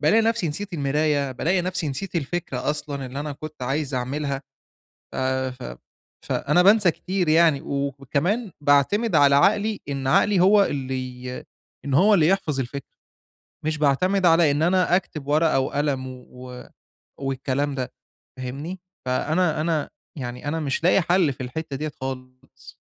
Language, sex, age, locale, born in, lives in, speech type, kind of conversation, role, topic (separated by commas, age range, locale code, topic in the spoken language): Arabic, male, 25-29, ar-EG, Egypt, Egypt, conversation, advice, user, إزاي أبطل أنسى أفكاري وأخلّي توثيق شغلي اليومي عادة مستمرة؟